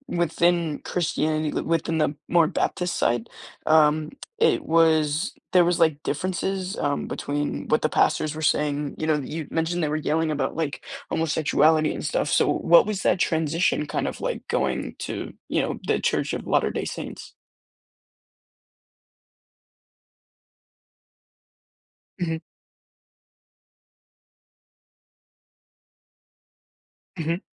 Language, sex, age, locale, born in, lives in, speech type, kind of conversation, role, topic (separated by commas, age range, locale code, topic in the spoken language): English, male, 18-19, en-US, United States, United States, conversation, podcast, host, How does your faith shape your everyday choices and experiences?